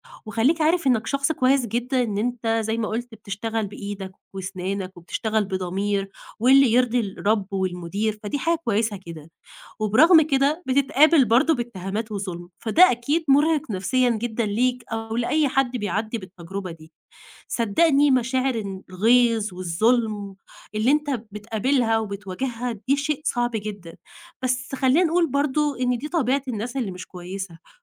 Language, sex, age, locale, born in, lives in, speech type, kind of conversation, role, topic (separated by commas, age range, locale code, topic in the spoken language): Arabic, female, 20-24, ar-EG, Egypt, Egypt, conversation, advice, advisor, إزاي أتعامل لو اتعرضت لاتهام أو نقد مش في محله في الشغل؟